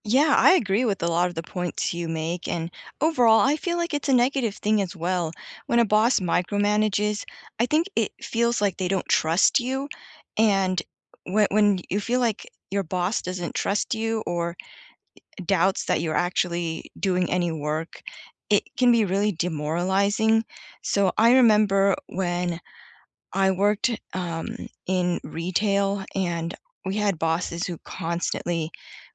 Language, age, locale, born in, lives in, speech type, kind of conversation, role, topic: English, 30-34, en-US, United States, United States, conversation, unstructured, none, What is your opinion of bosses who micromanage?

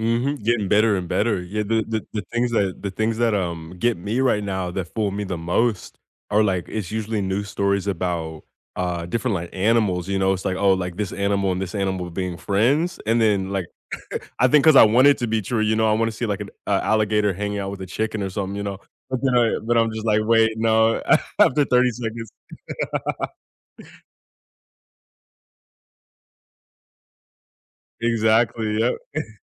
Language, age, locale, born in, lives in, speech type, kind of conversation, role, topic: English, 25-29, en-US, United States, United States, conversation, unstructured, none, How can people tell whether news is real or fake?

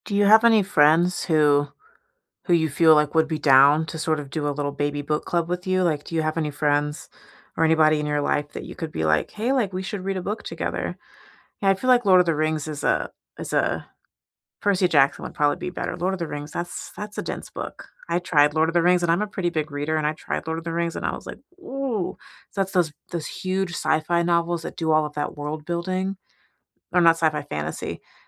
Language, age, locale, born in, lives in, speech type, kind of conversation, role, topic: English, 30-34, en-US, United States, United States, conversation, unstructured, none, How have you brought a childhood hobby back into your life, and what has it given you?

